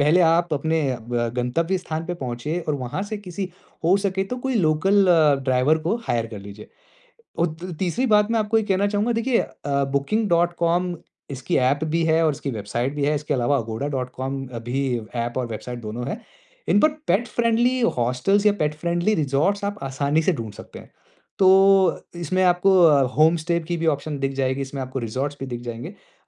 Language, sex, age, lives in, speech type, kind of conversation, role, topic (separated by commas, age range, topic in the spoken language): Hindi, male, 35-39, India, conversation, advice, advisor, कम समय में एक अच्छी और सस्ती छुट्टी की योजना कैसे बनाऊँ?